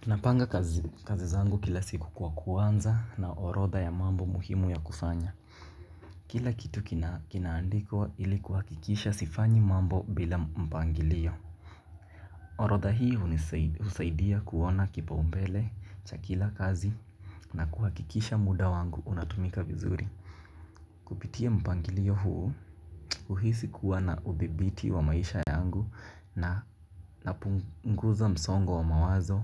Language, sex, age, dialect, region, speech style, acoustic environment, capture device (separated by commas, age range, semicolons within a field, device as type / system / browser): Swahili, male, 18-24, Kenya - Mombasa, Kenya, relaxed, people talking; nature, mobile / Linux / Chrome